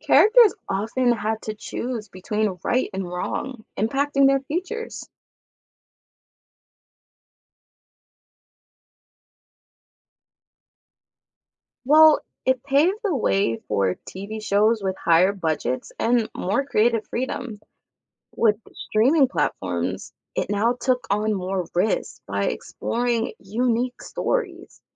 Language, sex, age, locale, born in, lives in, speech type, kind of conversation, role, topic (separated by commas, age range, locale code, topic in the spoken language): English, female, 25-29, en-US, United States, United States, read, expert-assistant, expert, How did Breaking Bad change TV dramas?